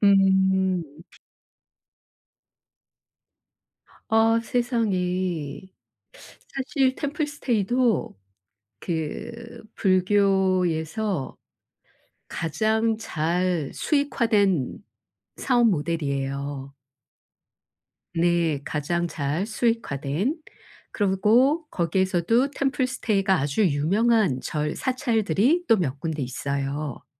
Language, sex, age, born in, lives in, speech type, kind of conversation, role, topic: Korean, female, 55-59, South Korea, South Korea, conversation, advice, advisor, 낯선 곳에서 여행할 때 안전을 어떻게 지킬 수 있을까요?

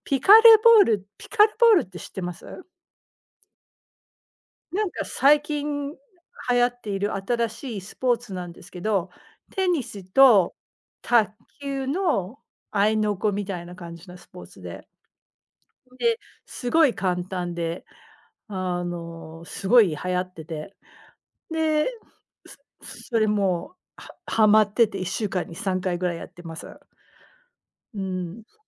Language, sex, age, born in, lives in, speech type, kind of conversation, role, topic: Japanese, female, 40-44, United States, United States, conversation, unstructured, none, 趣味をきっかけに友達ができたことはありますか？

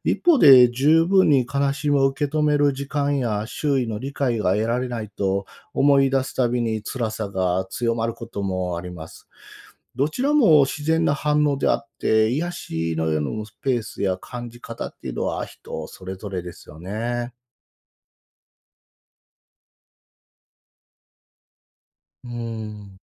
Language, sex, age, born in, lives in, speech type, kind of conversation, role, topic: Japanese, male, 45-49, Japan, Japan, read, expert-assistant, expert, ペットとの別れは私たちの心や人生にどんな影響を与えるのでしょうか？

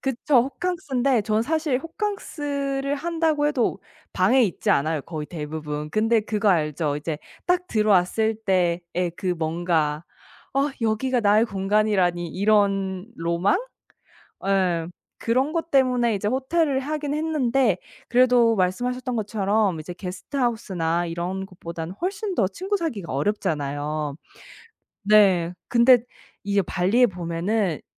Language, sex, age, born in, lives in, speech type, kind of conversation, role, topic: Korean, female, 30-34, South Korea, United States, conversation, podcast, guest, 혼자 여행할 때 친구를 사귀는 방법은 무엇인가요?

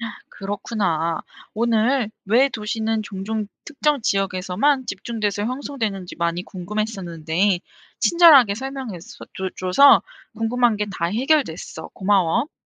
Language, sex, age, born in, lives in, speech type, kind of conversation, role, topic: Korean, female, 25-29, South Korea, South Korea, read, expert-assistant, asker, 왜 도시는 종종 특정 지역에 집중되어 형성될까요?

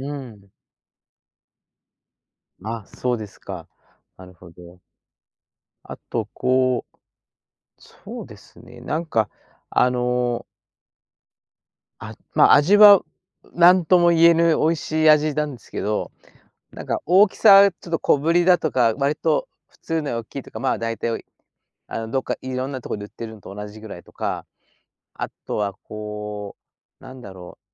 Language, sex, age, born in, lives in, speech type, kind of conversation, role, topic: Japanese, male, 60-64, Japan, Japan, conversation, podcast, host, 子どもの頃、特別な日に食べたごちそうは何でしたか？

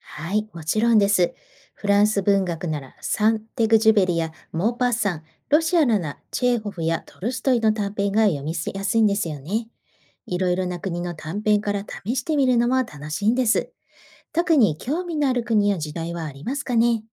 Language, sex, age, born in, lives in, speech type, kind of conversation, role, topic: Japanese, female, 45-49, Japan, Japan, read, expert-assistant, expert, 古典文学と現代文学、どちらを先に読むと世界の文学を理解しやすくなるでしょうか？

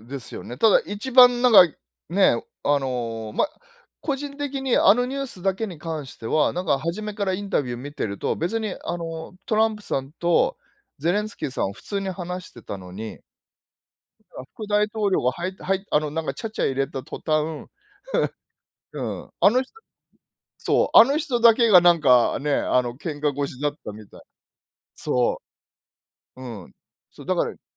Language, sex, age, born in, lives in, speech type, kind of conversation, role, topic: Japanese, male, 50-54, Japan, Japan, conversation, unstructured, none, 最近のニュースの中で、いちばん怖いと感じたのは何ですか？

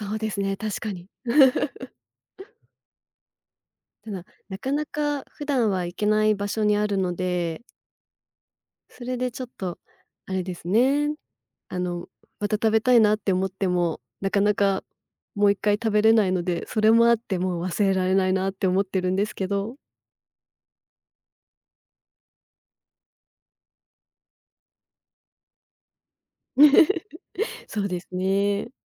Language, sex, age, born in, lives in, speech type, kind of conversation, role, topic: Japanese, female, 35-39, Japan, Japan, conversation, podcast, guest, 忘れられない食べ物との出会いは何ですか？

